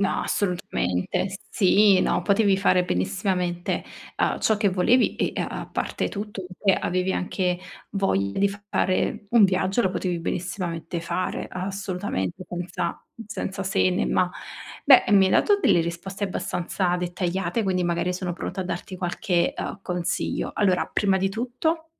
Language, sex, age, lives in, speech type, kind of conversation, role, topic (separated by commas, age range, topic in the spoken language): Italian, female, 25-29, Italy, conversation, advice, advisor, Come posso scegliere tra piaceri immediati e sicurezza finanziaria?